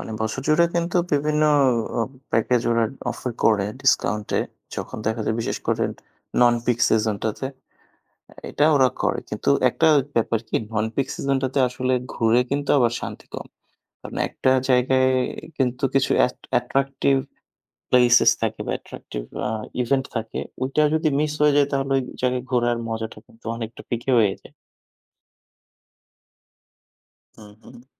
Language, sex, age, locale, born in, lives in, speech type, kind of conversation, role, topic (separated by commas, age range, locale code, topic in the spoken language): Bengali, male, 25-29, bn-BD, Bangladesh, Bangladesh, conversation, unstructured, none, আপনার প্রিয় ছুটির গন্তব্য কোথায়?